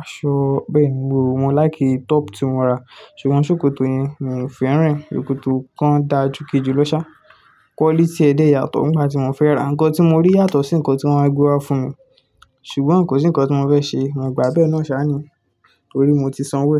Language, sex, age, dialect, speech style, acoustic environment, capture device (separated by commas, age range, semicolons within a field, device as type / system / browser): Yoruba, male, 18-24, Nigeria - Ibadan, relaxed; focused, nature, desktop / Linux / Chrome